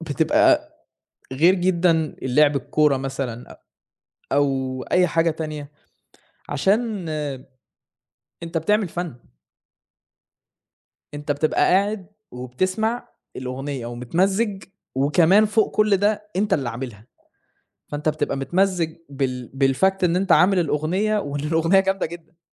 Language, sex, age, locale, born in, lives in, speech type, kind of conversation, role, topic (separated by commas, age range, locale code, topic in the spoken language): Arabic, male, 20-24, ar-EG, Saudi Arabia, Germany, conversation, unstructured, none, إيه نوع الموسيقى اللي بتسمعها عادة؟